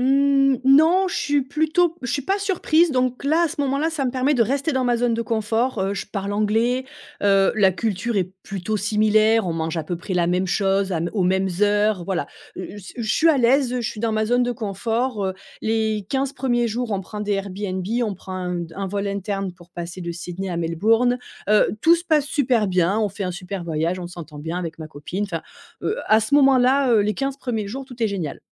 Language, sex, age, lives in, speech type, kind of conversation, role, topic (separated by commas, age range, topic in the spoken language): French, female, 35-39, France, conversation, podcast, guest, Parle d'un lieu où tu as dû sortir de ta zone de confort